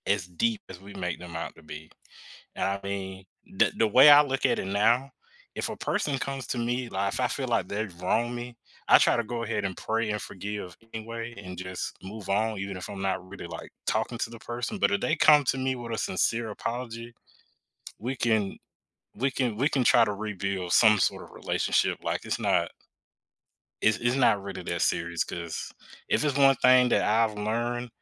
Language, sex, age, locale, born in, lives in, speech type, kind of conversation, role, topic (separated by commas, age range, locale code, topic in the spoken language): English, male, 25-29, en-US, United States, United States, conversation, podcast, guest, How does the act of forgiving impact our relationships and personal growth?